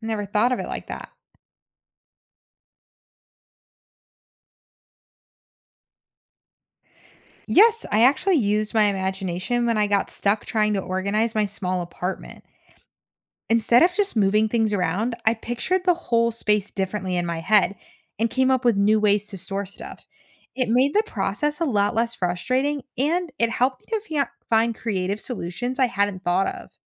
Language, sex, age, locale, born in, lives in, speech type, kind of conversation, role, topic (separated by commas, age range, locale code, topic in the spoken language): English, female, 25-29, en-US, United States, United States, read, expert-assistant, asker, What role does imagination play in solving problems?